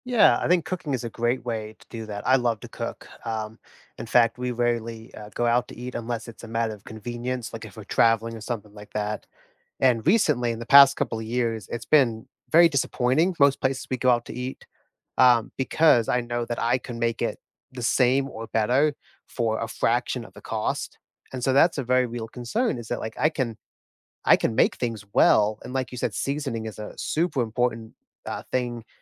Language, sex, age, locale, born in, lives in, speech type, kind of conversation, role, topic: English, male, 25-29, en-US, United States, United States, conversation, unstructured, none, What is one skill you want to learn soon?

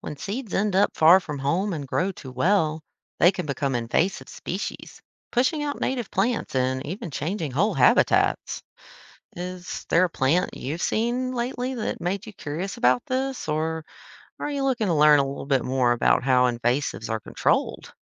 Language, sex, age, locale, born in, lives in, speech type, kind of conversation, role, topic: English, female, 30-34, en-US, United States, United States, read, expert-assistant, expert, How do seeds travel to new places to grow?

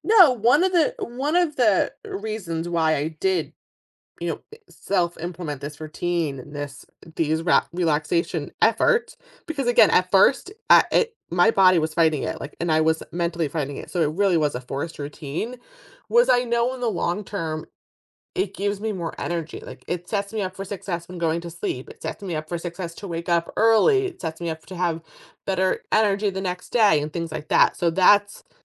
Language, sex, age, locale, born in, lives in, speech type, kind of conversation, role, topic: English, female, 35-39, en-US, United States, United States, conversation, podcast, guest, How do you find balance and unwind after a stressful day?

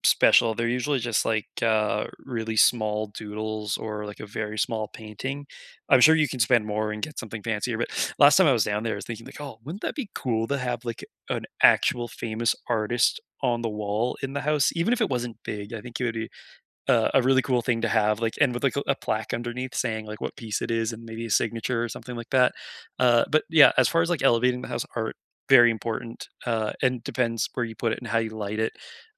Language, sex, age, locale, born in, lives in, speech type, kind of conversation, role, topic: English, male, 25-29, en-CA, Canada, Canada, conversation, unstructured, none, How do you refresh a tired room on a budget?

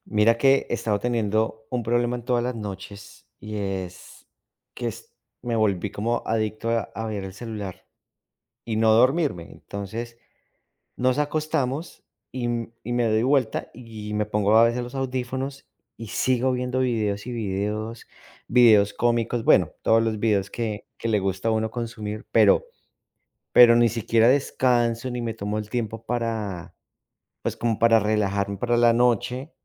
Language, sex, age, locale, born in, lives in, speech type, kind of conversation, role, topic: Spanish, male, 40-44, es-CO, Colombia, United States, conversation, advice, user, ¿Cómo puedo desconectarme del celular por la noche antes de dormir?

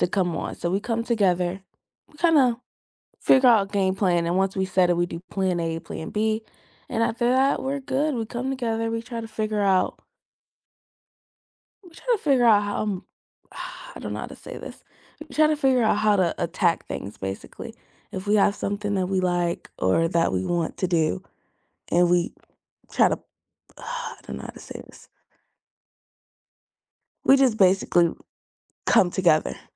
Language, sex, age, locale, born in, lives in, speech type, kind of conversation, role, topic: English, female, 18-19, en-US, United States, United States, conversation, unstructured, none, How do you build a network of people who truly help you grow, not just show up?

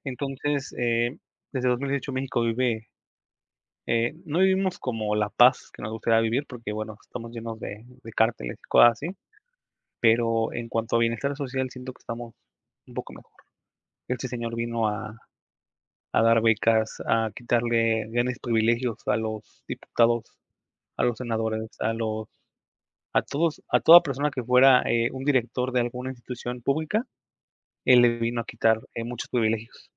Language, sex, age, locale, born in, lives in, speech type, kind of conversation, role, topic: Spanish, male, 25-29, es-MX, Mexico, Mexico, conversation, unstructured, none, ¿Qué figura histórica admiras y por qué?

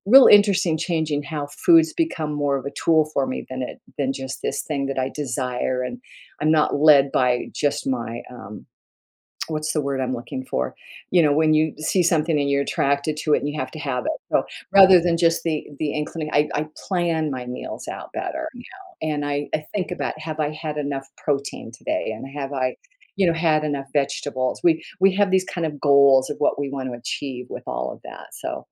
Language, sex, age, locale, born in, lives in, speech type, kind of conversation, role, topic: English, female, 70-74, en-US, United States, United States, conversation, podcast, guest, How do you find a fitness routine that truly works for you?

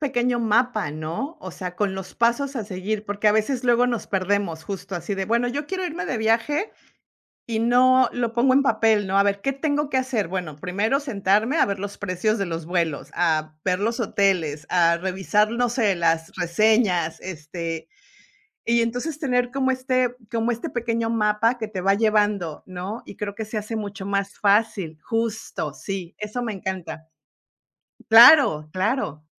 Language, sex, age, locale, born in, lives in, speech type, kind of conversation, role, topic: Spanish, female, 50-54, es-MX, Mexico, United States, conversation, unstructured, none, ¿Qué harías si alguien te dijera que tu meta es imposible?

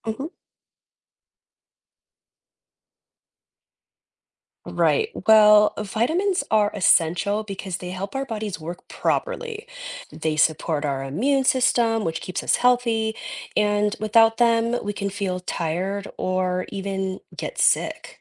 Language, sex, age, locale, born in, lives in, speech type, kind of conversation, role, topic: English, female, 30-34, en-US, United States, United States, read, expert-assistant, expert, Why do we need vitamins?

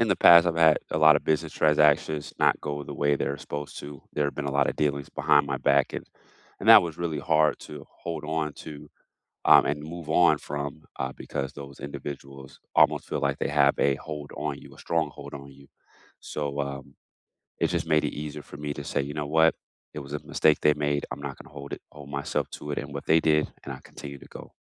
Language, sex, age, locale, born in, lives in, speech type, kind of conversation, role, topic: English, male, 35-39, en-US, United States, United States, conversation, unstructured, none, Why is it important to forgive someone?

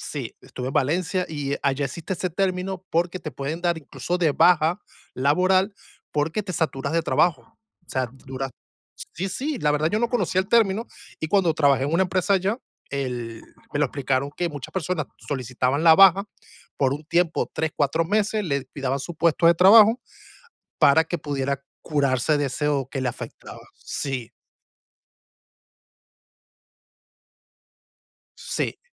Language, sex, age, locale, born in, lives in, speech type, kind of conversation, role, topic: Spanish, male, 35-39, es-VE, Venezuela, United States, conversation, unstructured, none, ¿Recuerdas un día de trabajo que te haya hecho muy feliz?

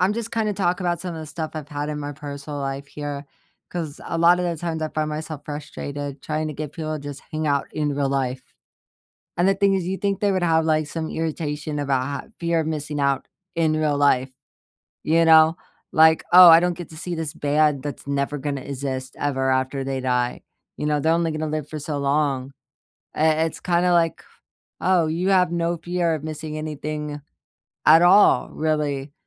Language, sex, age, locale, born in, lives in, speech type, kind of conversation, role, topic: English, female, 25-29, en-US, United States, United States, conversation, unstructured, none, How do you take digital breaks without FOMO while staying meaningfully connected to the people who matter most?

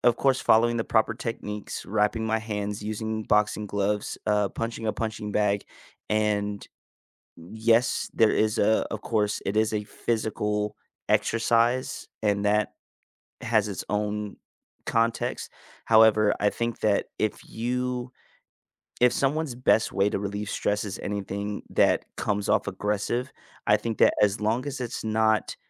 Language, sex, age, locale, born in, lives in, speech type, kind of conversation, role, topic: English, male, 25-29, en-US, United States, United States, conversation, unstructured, none, What do you think helps people cope with stress best?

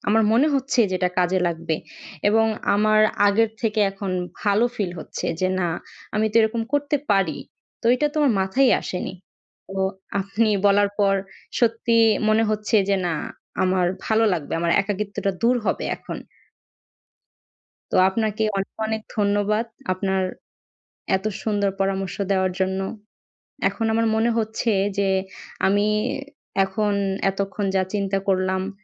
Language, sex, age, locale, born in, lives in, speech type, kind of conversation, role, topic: Bengali, female, 20-24, bn-BD, Bangladesh, Bangladesh, conversation, advice, user, চাপের মুহূর্তে আমি কীভাবে শান্ত থাকতে পারি এবং রাগ নিয়ন্ত্রণ করতে পারি?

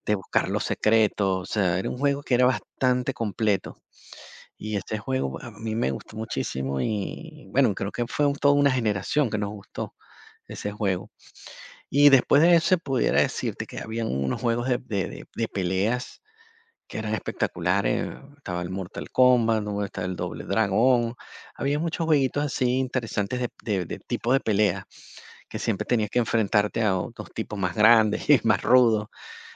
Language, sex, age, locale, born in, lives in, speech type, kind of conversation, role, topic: Spanish, male, 50-54, es-VE, Venezuela, Portugal, conversation, podcast, guest, ¿Cuál fue tu primera experiencia con los videojuegos y cómo la recuerdas?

